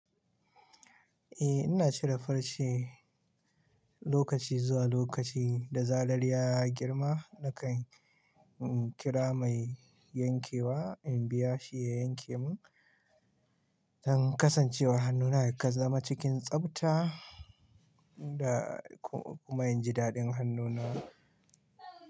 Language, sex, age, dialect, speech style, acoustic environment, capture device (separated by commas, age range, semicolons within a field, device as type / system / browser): Hausa, male, 25-34, Nigeria - Kano, happy, silence, mobile / Linux / Chrome